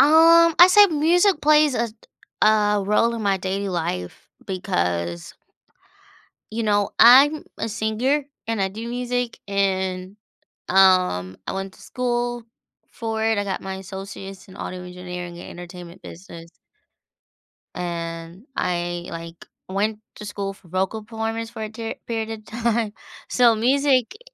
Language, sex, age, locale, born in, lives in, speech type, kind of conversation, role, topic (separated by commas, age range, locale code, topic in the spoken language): English, female, 30-34, en-US, United States, United States, conversation, podcast, guest, How does music shape your everyday experiences and emotions?